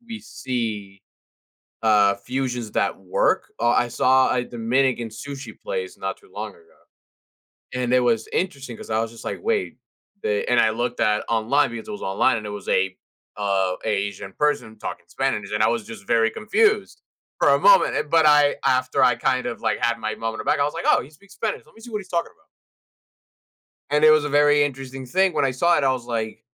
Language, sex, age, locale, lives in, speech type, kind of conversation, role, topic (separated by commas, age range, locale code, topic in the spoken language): English, male, 20-24, en-US, United States, conversation, podcast, host, How do personal experiences and traditions shape what we find comforting in food?